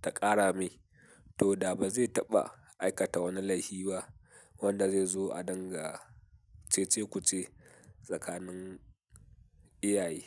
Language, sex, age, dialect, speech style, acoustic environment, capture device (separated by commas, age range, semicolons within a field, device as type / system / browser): Hausa, male, 18-24, Nigeria - Katsina, happy, nature, mobile / Linux / Chrome